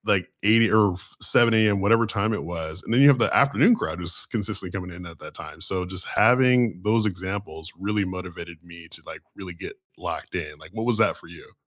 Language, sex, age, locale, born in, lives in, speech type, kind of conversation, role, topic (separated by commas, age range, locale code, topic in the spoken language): English, male, 35-39, en-US, United States, United States, conversation, unstructured, none, What morning rituals help you feel grounded and energized, and how did they become part of your daily routine?